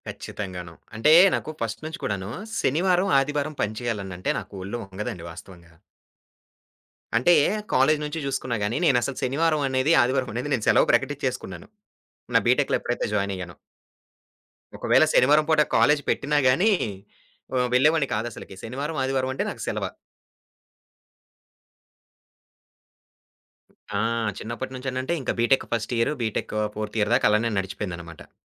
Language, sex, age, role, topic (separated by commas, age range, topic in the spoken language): Telugu, male, 25-29, guest, ఆఫీసు పని గంటలు ముగిశాక వచ్చే సందేశాలకు మీరు స్పష్టమైన పరిమితులు పెట్టుకుంటారా?